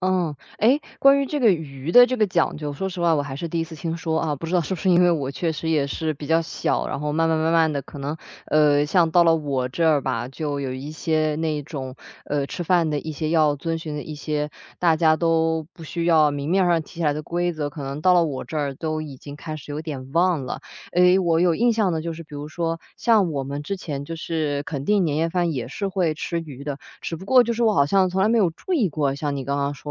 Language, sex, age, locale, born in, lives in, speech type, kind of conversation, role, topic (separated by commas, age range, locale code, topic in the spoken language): Chinese, female, 25-29, zh-CN, China, Germany, conversation, podcast, host, 吃饭时有哪些需要避开的忌讳？